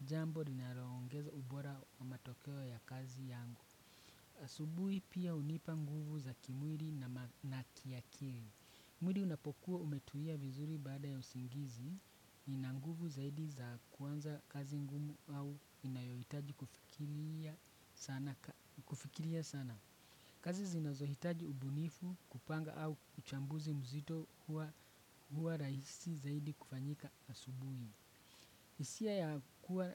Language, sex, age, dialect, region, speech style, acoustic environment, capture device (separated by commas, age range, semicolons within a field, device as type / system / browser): Swahili, male, 25-34, Kenya - Nairobi Sheng-influenced, Kenya, excited, traffic, desktop / Windows / Chrome